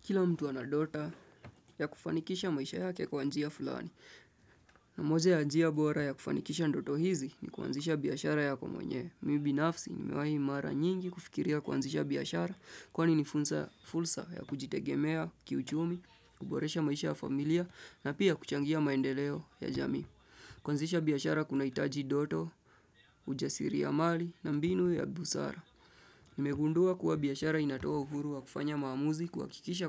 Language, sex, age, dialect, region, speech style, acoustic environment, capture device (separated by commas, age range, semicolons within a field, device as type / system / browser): Swahili, male, 25-34, Kenya - Nairobi Sheng-influenced, Kenya, tired, appliances; nature, desktop / Windows / Chrome